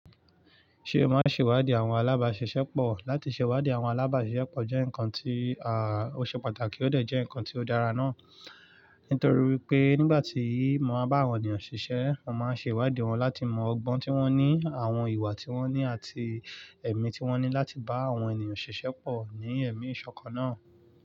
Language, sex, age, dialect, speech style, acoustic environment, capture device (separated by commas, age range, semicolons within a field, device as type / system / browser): Yoruba, male, 25-34, Nigeria - Lagos Yoruba, focused, nature, mobile / Linux / Chrome